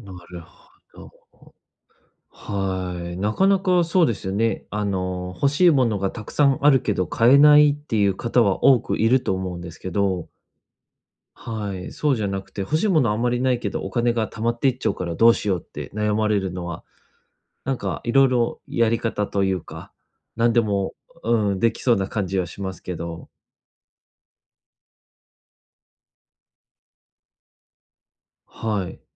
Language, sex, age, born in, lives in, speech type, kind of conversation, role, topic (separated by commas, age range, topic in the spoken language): Japanese, male, 30-34, Japan, Japan, conversation, advice, advisor, 自分の価値観に合う目標をどう見つけ、価値観と矛盾しないように調整すればよいですか？